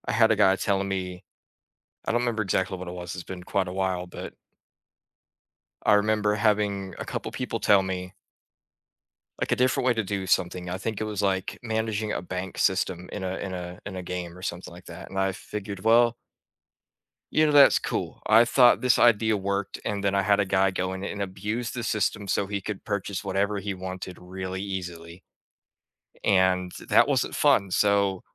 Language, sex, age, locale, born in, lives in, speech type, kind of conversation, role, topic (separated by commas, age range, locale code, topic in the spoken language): English, male, 20-24, en-US, United States, United States, conversation, unstructured, none, Why do some people refuse to compromise in a disagreement?